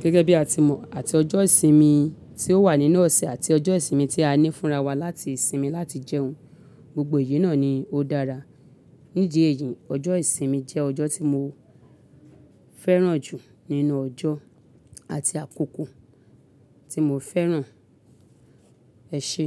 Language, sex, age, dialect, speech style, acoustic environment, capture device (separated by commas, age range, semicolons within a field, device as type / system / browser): Yoruba, female, 35-44, Nigeria - Lagos Yoruba, happy; excited; focused, appliances; silence, mobile / Linux / Chrome